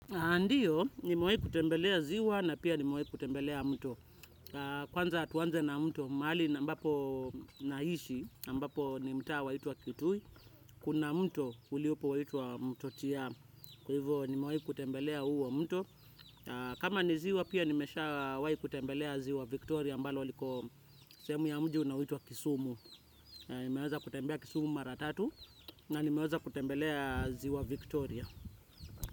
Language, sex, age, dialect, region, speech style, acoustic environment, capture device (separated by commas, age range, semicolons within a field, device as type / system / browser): Swahili, male, 25-34, Kenya - Nairobi Sheng-influenced, Kenya, relaxed, nature, desktop / Windows / Chrome